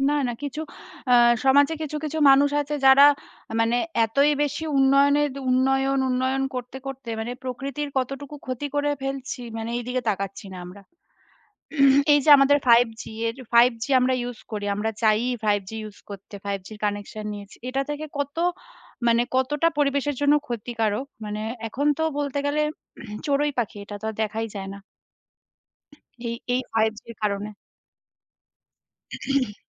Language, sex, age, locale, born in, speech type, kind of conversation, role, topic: Bengali, female, 30-34, bn-IN, India, conversation, unstructured, none, প্রকৃতির প্রতি মানুষের অবহেলা কেন বন্ধ করা উচিত?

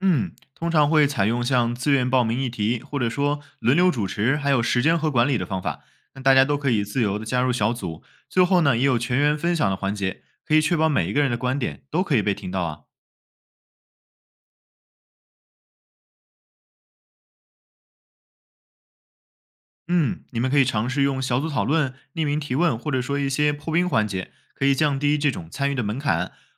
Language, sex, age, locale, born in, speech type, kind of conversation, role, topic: Chinese, male, 20-24, zh-CN, China, read, expert-assistant, expert, 为什么有些国家总是合作得很好，而有些国家经常发生冲突？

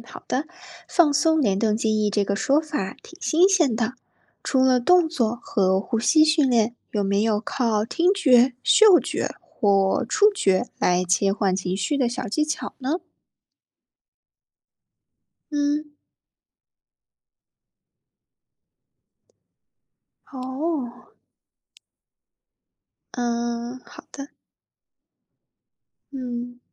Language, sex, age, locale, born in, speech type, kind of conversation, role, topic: Chinese, female, 25-29, zh-CN, China, read, expert-assistant, asker, 在快节奏的生活中，我们可以用哪些有趣的小技巧来缓解压力？有没有哪些方法还没被大家发现？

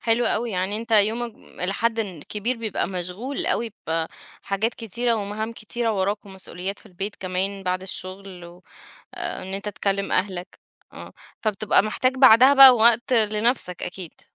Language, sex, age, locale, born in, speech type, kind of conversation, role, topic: Arabic, female, 30-34, ar-EG, Egypt, conversation, podcast, host, إزاي الصمت في الطبيعة بيأثر على أفكارك؟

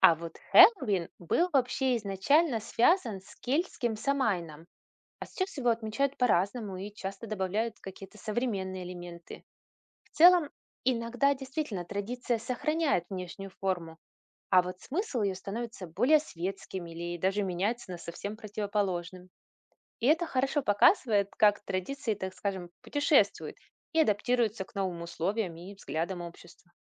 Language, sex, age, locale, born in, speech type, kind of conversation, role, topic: Russian, female, 40-44, ru-RU, Russia, read, expert-assistant, expert, Как объяснить ребёнку, почему у людей разные традиции и религии?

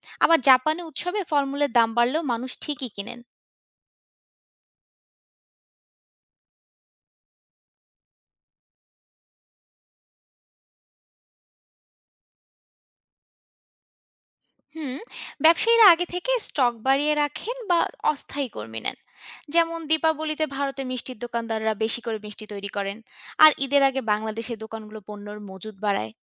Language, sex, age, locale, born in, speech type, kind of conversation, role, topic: Bengali, female, 25-29, bn-BD, Bangladesh, read, expert-assistant, expert, দামের স্থিতিস্থাপকতা (price elasticity) বোঝাতে বাস্তব জীবনের কোনো আকর্ষণীয় উদাহরণ দিতে পারেন? কেন কিছু পণ্যের দাম বাড়লেও বিক্রি কমে না, আর কিছুতে কেন দ্রুত কমে যায়?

